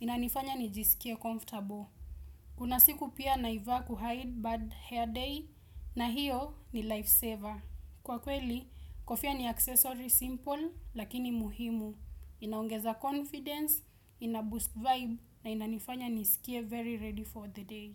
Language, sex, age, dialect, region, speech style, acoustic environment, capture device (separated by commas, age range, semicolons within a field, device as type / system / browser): Swahili, female, 18-24, Kenya - Nairobi Sheng-influenced, Kenya, relaxed; focused, silence, desktop / Windows / Chrome